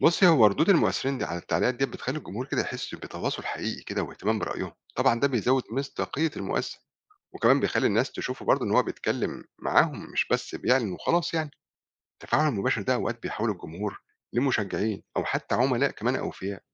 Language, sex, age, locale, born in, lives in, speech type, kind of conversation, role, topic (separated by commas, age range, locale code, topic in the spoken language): Arabic, male, 40-44, ar-EG, Egypt, Portugal, read, expert-assistant, expert, في مجال العلاقات العامة، إيه الأقوى في التأثير على الرأي العام: الشغل مع مشاهير ولا الشغل مع مؤثرين السوشيال ميديا؟